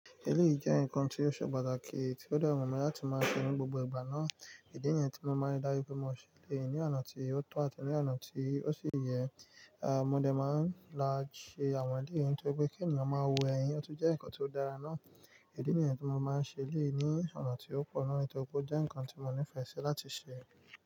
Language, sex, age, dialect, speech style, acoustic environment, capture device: Yoruba, male, 25-34, Nigeria - Nigerian Standard, focused, nature, mobile / Linux / Chrome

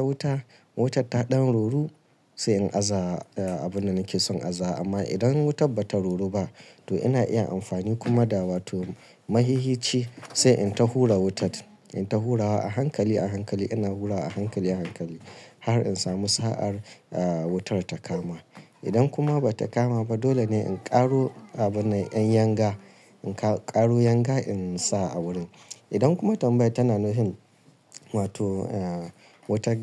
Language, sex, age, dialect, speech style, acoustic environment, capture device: Hausa, male, 35-44, Nigeria - Kano, nervous; excited; focused; stressed; relaxed; tired; anxious; happy, traffic; construction; appliances; silence; nature; music; people talking, mobile / Linux / Chrome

